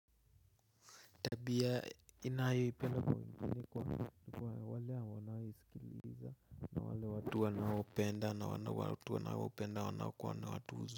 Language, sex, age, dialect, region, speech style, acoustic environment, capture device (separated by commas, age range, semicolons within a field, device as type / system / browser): Swahili, male, 18-24, Kenya - Nairobi Sheng-influenced, Kenya, nervous; excited; focused; relaxed, nature; silence, mobile / Linux / Chrome